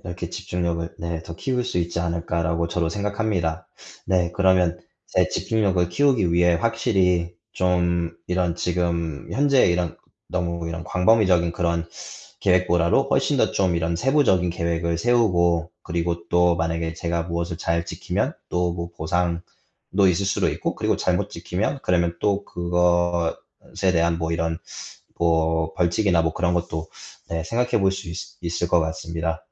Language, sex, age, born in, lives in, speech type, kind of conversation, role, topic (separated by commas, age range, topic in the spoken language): Korean, male, 25-29, United States, United States, conversation, advice, user, 집중력과 기억력이 떨어져 일을 처리하기 어려운 상황을 어떻게 설명해 주시겠어요?